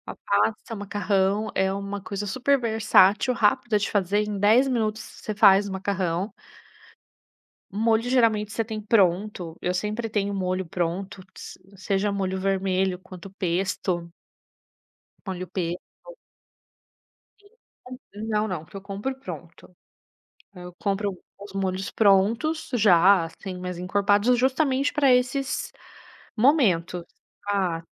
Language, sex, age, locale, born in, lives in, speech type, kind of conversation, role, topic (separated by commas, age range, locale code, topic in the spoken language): Portuguese, female, 35-39, pt-BR, Brazil, Italy, conversation, podcast, guest, Qual truque de cozinha facilita sua vida no dia a dia?